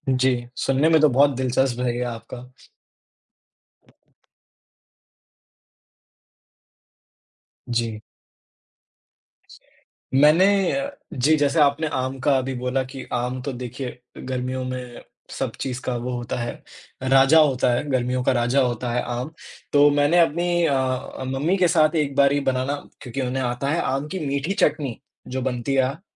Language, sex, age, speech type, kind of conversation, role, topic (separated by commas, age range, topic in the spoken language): Hindi, male, 20-24, conversation, unstructured, none, क्या आपने कभी किसी खास रेसिपी के साथ प्रयोग किया है?